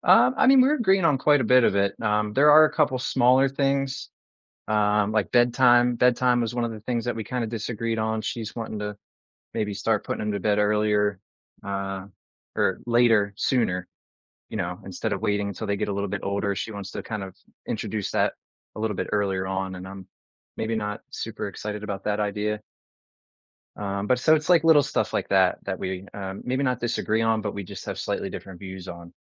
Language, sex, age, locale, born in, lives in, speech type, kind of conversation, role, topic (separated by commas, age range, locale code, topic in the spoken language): English, male, 30-34, en-US, United States, United States, conversation, advice, user, How can I care for my newborn while managing overwhelm and getting enough rest?